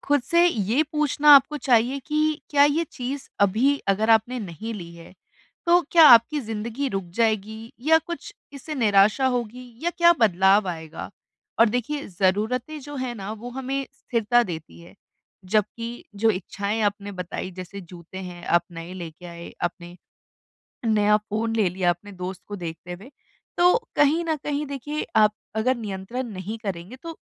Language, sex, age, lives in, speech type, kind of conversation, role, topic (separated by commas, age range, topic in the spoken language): Hindi, female, 25-29, India, conversation, advice, advisor, मैं कैसे पहचानूँ कि क्या ज़रूरी है और क्या सिर्फ़ चाहत है?